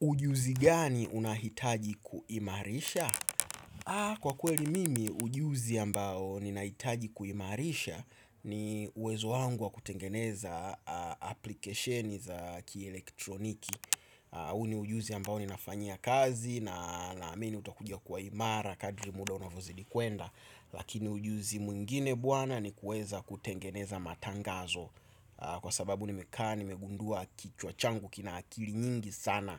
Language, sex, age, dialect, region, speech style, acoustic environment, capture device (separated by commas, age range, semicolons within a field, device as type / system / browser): Swahili, male, 25-34, Tanzania - Dar es Salaam, Tanzania, excited, traffic; nature; appliances, desktop / Windows / Chrome